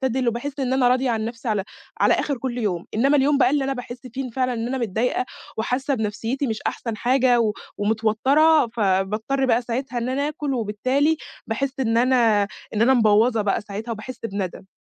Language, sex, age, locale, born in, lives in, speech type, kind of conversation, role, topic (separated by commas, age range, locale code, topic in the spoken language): Arabic, female, 20-24, ar-EG, Egypt, Egypt, conversation, advice, user, إزاي بتتعامل/ي مع الإفراط في الأكل عشان تهدي مشاعرك، وإيه اللي بتعمله لما تحس/ي بالندم بعده؟